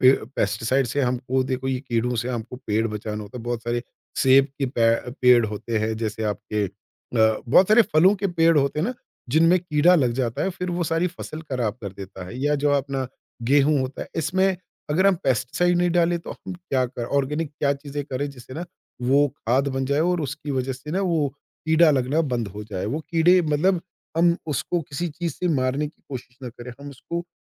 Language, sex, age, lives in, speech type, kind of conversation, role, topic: Hindi, male, 50-54, India, conversation, podcast, host, Ghar par pollinators ko surakshit rakhne ke liye kaun se simple tareeke apna sakte hain?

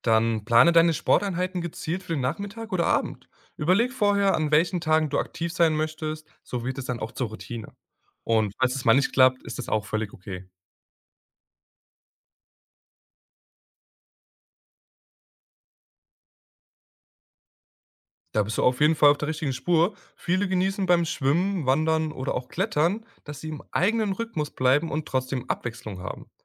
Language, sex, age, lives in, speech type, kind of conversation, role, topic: German, male, 20-24, Germany, read, expert-assistant, expert, Wie kann ich herausfinden, welche Sportart wirklich zu meinem Alltag passt?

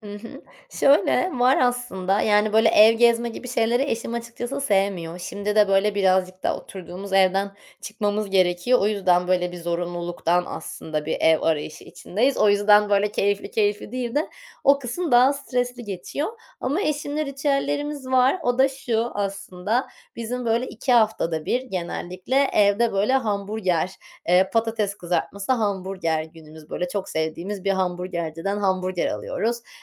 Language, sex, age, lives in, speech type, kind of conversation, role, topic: Turkish, female, 30-34, Netherlands, conversation, podcast, guest, Ailenizde her hafta yaptığınız bir aile ritüeli var mı?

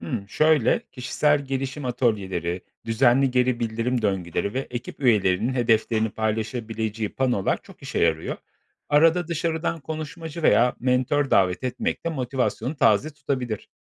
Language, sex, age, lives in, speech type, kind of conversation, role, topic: Turkish, male, 35-39, Poland, read, expert-assistant, expert, Yoğun iş temposunda, yaptığımız işin anlamını kaybetmemek için neler yapılabilir?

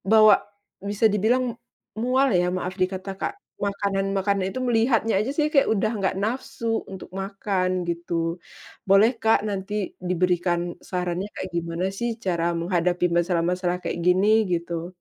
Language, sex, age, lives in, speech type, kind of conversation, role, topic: Indonesian, female, 35-39, Indonesia, conversation, advice, user, Bagaimana cara mengatasi rasa bosan karena menu sehat terasa monoton?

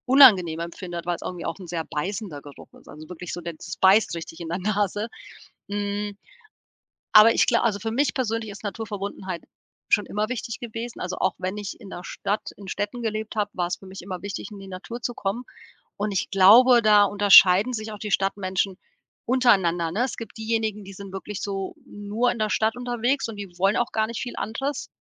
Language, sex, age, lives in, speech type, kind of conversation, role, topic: German, female, 40-44, Portugal, conversation, podcast, guest, Welche Gerüche wecken bei dir ein Gefühl von Heimat?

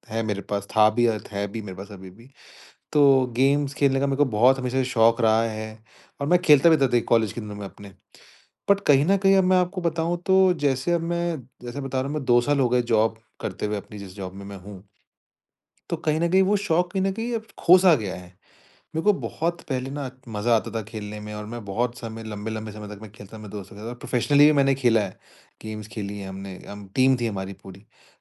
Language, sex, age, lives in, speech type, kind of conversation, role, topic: Hindi, male, 25-29, India, conversation, advice, user, आपको अपने शौक के लिए समय निकालने में किस तरह की मुश्किलें हो रही हैं?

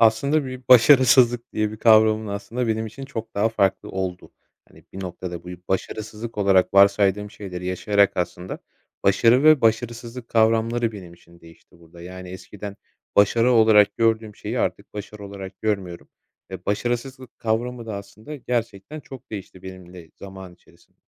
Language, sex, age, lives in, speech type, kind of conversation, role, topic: Turkish, male, 25-29, Poland, conversation, podcast, guest, Başarısızlıktan öğrendiğin en önemli şey neydi?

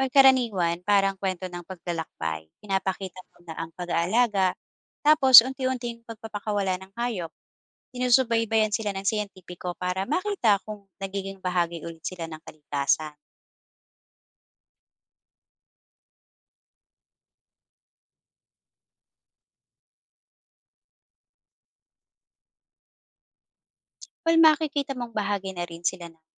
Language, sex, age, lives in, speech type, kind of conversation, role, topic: Filipino, female, 40-44, Philippines, read, expert-assistant, expert, May alam ka bang magandang podcast o YouTube channel na madaling ipaliwanag ang ebolusyon ng mga hayop at tao?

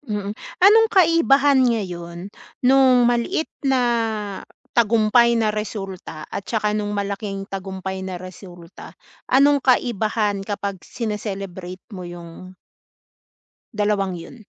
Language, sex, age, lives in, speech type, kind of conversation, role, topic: Filipino, female, 40-44, Philippines, conversation, advice, advisor, Paano ko mapapanatili ang motibasyon kapag mabagal ang pag-usad ko patungo sa layunin?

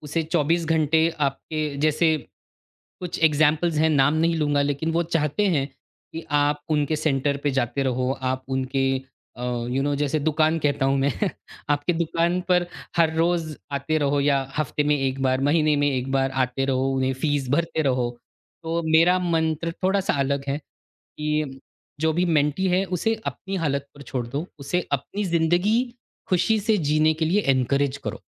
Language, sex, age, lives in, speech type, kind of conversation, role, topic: Hindi, male, 40-44, India, conversation, podcast, guest, Mentor-mentee relationship ko kaise maintain karte ho?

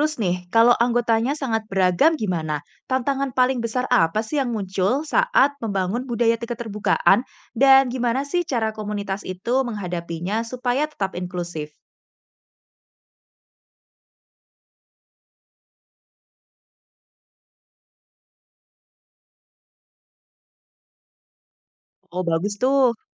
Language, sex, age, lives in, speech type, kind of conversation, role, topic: Indonesian, female, 25-29, Indonesia, read, expert-assistant, asker, Mengapa kritik seni sering memicu perdebatan sengit di kalangan masyarakat dan seniman?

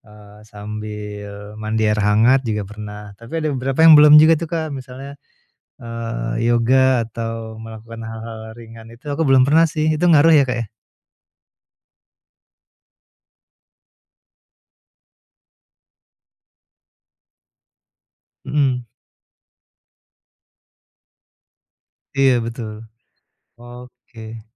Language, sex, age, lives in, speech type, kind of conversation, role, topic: Indonesian, male, 45-49, Indonesia, conversation, advice, user, Bagaimana caranya rileks setelah hari kerja yang panjang?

